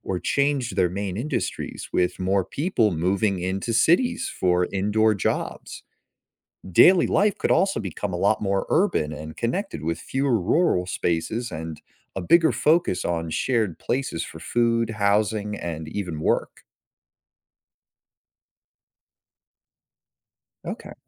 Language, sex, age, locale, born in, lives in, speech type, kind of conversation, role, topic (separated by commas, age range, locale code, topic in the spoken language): English, male, 25-29, en-US, United States, United States, read, expert-assistant, expert, How would life change if seasons shifted weekly?